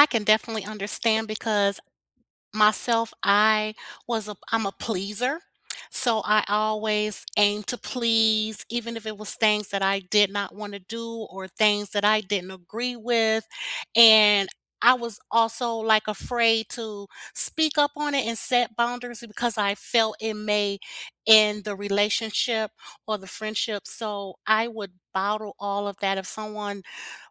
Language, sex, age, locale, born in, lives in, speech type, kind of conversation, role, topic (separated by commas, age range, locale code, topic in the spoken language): English, female, 55-59, en-US, United States, United States, conversation, unstructured, none, How can you set gentle boundaries that bring you closer, not apart?